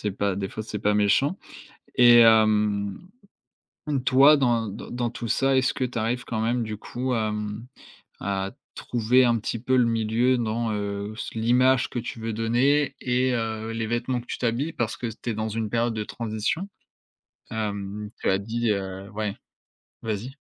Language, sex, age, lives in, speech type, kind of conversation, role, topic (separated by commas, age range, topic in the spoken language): French, male, 20-24, France, conversation, podcast, host, Comment exprimes-tu ton identité à travers tes vêtements ?